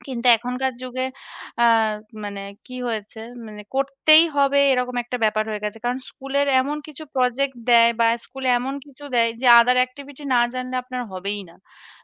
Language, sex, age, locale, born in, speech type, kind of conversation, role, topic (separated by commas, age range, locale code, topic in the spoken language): Bengali, female, 30-34, bn-IN, India, conversation, unstructured, none, আজকাল টাকা সঞ্চয় করা এত কঠিন মনে হয় কেন?